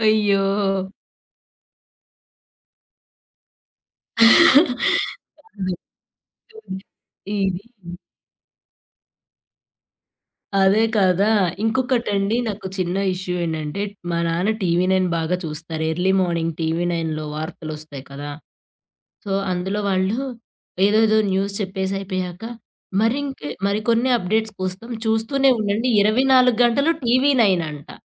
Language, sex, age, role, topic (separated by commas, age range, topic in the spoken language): Telugu, female, 30-34, host, మీడియా మీ సంస్కృతిని సరిగా ప్రతిబింబిస్తుందా?